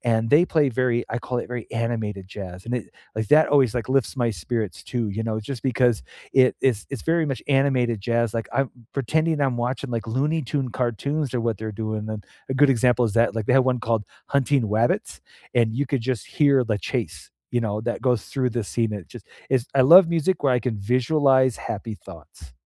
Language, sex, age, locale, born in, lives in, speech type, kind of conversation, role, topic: English, male, 45-49, en-US, United States, United States, conversation, unstructured, none, What music always lifts your mood, and why?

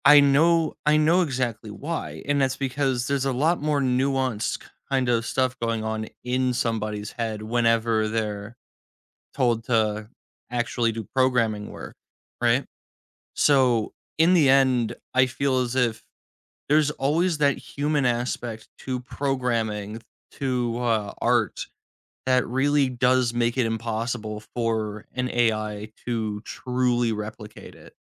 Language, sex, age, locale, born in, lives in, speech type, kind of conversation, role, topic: English, male, 20-24, en-US, United States, United States, conversation, podcast, guest, Why is it important to set small goals when working toward bigger ambitions?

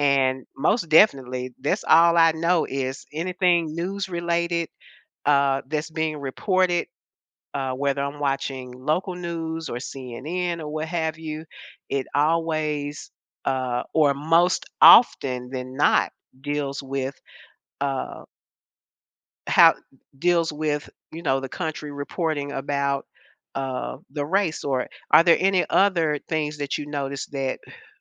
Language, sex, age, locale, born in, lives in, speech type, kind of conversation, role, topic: English, female, 70-74, en-US, United States, United States, conversation, podcast, host, Why do news stories about the same event look so different around the world?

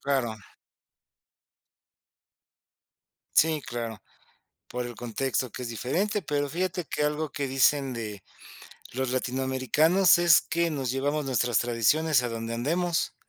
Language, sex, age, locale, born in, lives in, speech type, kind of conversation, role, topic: Spanish, male, 50-54, es-MX, Mexico, Mexico, conversation, podcast, host, ¿Qué tradiciones te gustaría transmitir a la próxima generación?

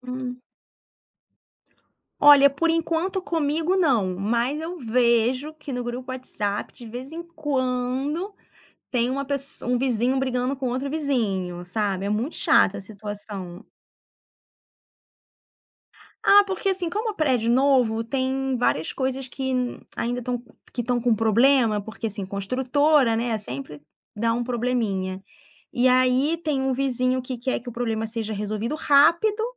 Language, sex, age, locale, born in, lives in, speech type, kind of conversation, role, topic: Portuguese, female, 35-39, pt-BR, Brazil, France, conversation, podcast, guest, Como se constrói confiança entre vizinhos numa comunidade?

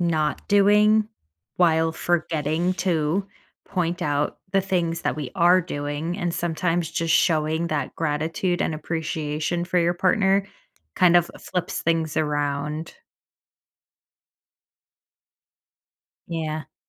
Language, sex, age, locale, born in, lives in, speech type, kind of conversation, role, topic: English, female, 30-34, en-US, United States, United States, conversation, advice, advisor, How can I improve communication with my partner?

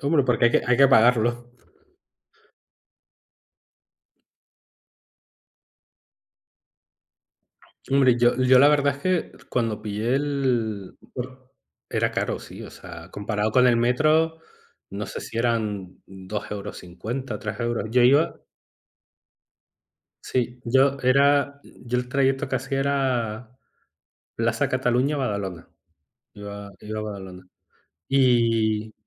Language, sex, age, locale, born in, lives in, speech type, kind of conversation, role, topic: Spanish, male, 35-39, es-ES, Spain, Spain, conversation, unstructured, none, ¿Qué es lo que más te molesta al usar el transporte público?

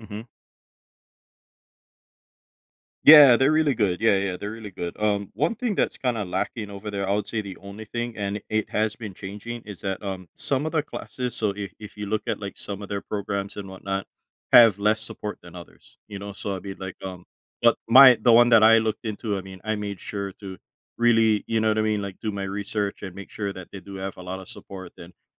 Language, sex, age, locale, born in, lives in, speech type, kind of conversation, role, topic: English, male, 35-39, en-US, United States, United States, conversation, advice, user, How do I build a stable routine while starting a new job?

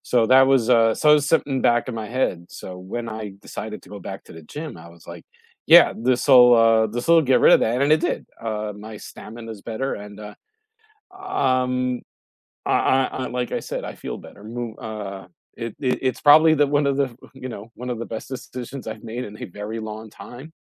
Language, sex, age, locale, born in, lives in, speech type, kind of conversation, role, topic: English, male, 45-49, en-US, United States, United States, conversation, unstructured, none, What are your favorite joyful ways to move your body?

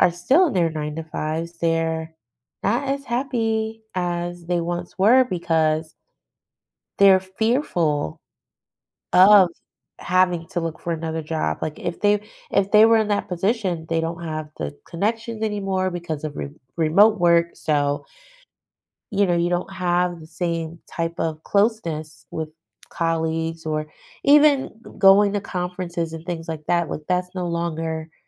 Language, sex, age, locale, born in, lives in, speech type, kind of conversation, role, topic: English, female, 40-44, en-US, United States, United States, conversation, advice, user, How can I decide about a major life change?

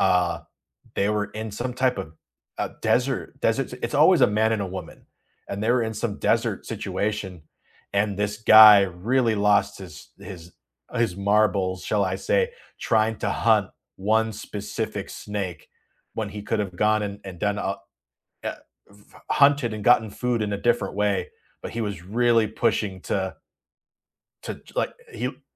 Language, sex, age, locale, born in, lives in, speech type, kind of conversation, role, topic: English, male, 30-34, en-US, United States, United States, conversation, unstructured, none, Which reality shows do you secretly love and also openly defend?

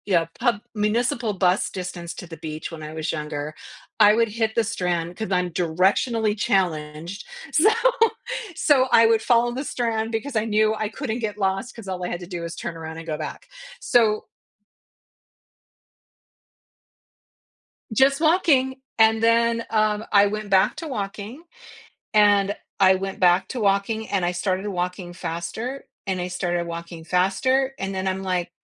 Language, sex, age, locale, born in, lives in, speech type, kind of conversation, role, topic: English, female, 55-59, en-US, United States, United States, conversation, podcast, guest, In what ways can regular physical activity improve our ability to cope with stress?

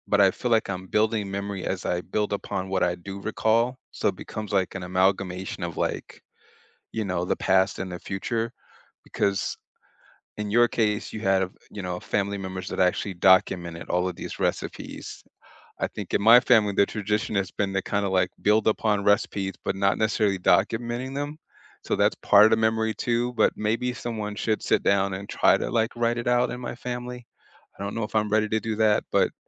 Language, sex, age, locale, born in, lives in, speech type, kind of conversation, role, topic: English, male, 40-44, en-US, United States, United States, conversation, unstructured, none, What simple rituals help you honor memories and keep meaningful connections alive?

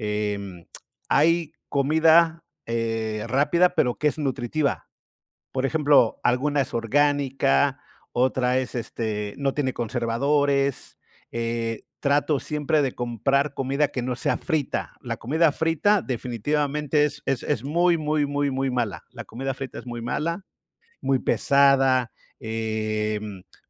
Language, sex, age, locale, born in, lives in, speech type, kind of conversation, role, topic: Spanish, male, 50-54, es-US, Mexico, United States, conversation, unstructured, none, ¿Cuál es tu opinión sobre la comida rápida en la sociedad actual?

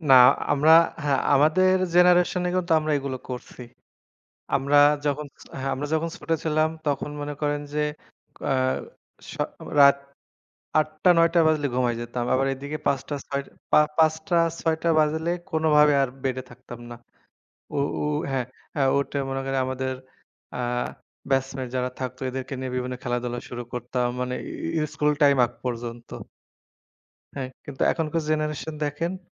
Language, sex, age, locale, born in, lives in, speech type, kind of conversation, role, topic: Bengali, male, 25-29, bn-BD, Bangladesh, Bangladesh, conversation, unstructured, none, প্রকৃতির সৌন্দর্য আমরা কীভাবে উপভোগ করতে পারি?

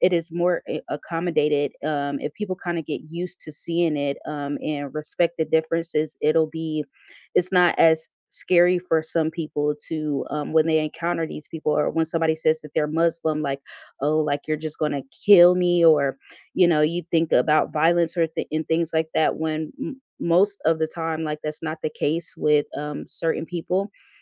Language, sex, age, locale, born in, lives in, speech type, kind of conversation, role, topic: English, female, 30-34, en-US, United States, United States, conversation, unstructured, none, Should workplaces be required to accommodate employees' religious dress and practices even when they conflict with uniform policies?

